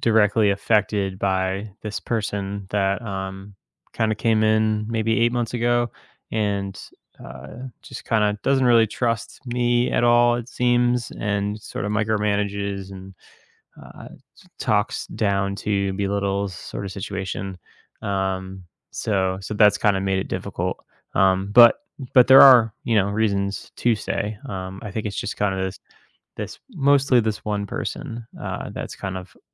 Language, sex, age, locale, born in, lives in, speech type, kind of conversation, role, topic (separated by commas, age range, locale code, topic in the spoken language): English, male, 30-34, en-US, United States, United States, conversation, advice, user, How can I tell if quitting my job for more freedom is a realistic option for me?